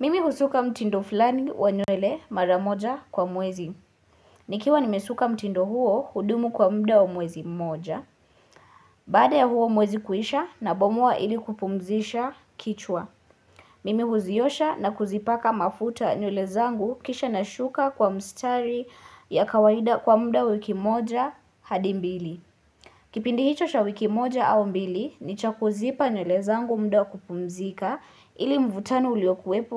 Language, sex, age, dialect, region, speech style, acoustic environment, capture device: Swahili, female, 18-24, Kenya - Nairobi Sheng-influenced, Kenya, nervous, silence, mobile / Linux / Chrome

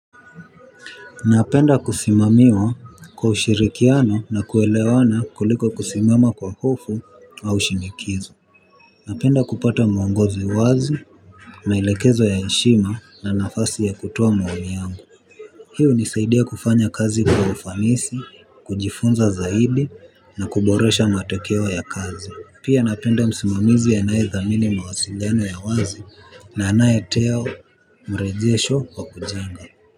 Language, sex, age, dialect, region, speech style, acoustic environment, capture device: Swahili, male, 18-24, Kenya - Nairobi Sheng-influenced, Kenya, focused; relaxed, traffic; people talking, mobile / Linux / Chrome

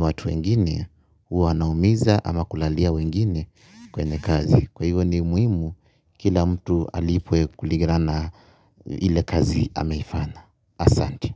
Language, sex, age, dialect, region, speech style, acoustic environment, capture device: Swahili, male, 25-34, Kenya - Nairobi Sheng-influenced, Kenya, tired, nature, mobile / Linux / Chrome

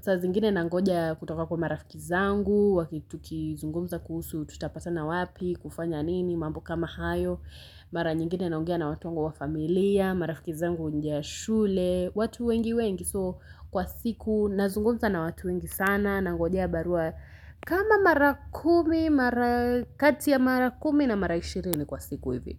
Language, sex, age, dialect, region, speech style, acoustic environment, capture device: Swahili, female, 18-24, Kenya - Nairobi Sheng-influenced, Kenya, happy, silence, mobile / Linux / Chrome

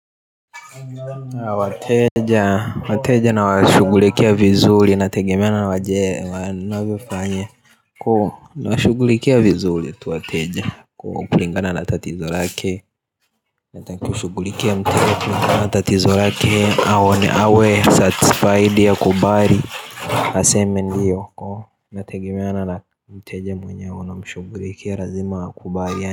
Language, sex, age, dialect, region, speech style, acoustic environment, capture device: Swahili, male, 18-24, Tanzania - Dar es Salaam, Tanzania, focused, nature, mobile / Linux / Chrome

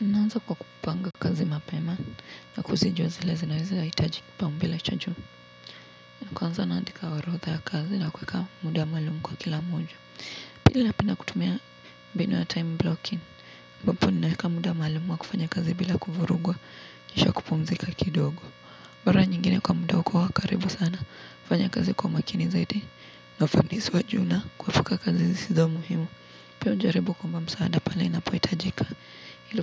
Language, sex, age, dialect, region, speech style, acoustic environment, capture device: Swahili, female, 18-24, Kenya - Nairobi Sheng-influenced, Kenya, tired, nature; traffic; appliances, mobile / Linux / Chrome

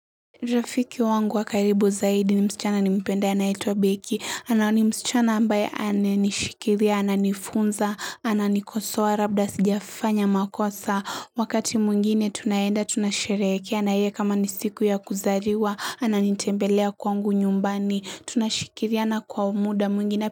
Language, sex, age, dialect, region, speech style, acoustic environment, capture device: Swahili, female, 18-24, Kenya - Mombasa, Kenya, happy, silence, mobile / Linux / Chrome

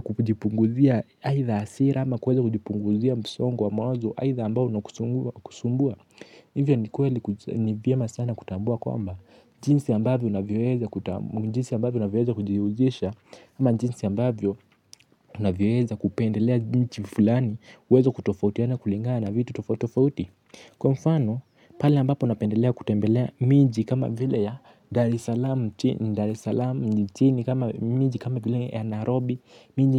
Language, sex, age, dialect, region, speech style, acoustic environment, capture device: Swahili, male, 18-24, Kenya - Mombasa, Kenya, anxious; focused; excited; relaxed, nature; silence, mobile / Linux / Chrome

